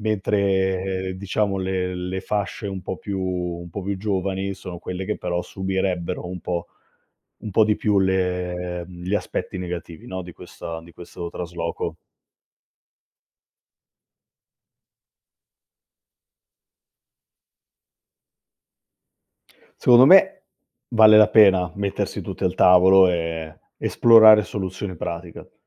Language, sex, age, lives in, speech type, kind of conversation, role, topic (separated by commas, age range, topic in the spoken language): Italian, male, 35-39, Italy, conversation, advice, advisor, Come affrontare la scelta di trasferirsi per lavoro se questo rischia di dividere la famiglia?